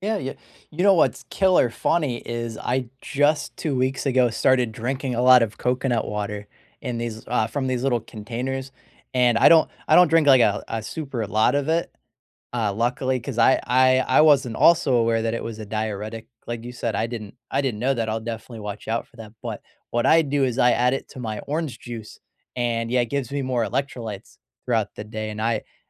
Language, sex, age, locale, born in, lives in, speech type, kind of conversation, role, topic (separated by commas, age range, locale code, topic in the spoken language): English, male, 25-29, en-US, United States, United States, conversation, unstructured, none, What street food do you crave, and where do you find it?